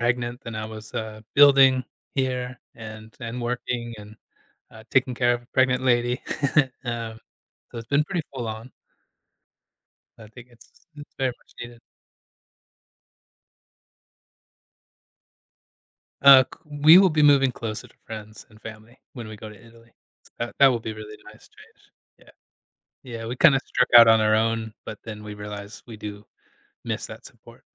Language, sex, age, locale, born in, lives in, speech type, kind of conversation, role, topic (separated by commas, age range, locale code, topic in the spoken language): English, male, 35-39, en-US, United States, United States, conversation, advice, user, How do I plan a family vacation that keeps everyone happy and stays within budget?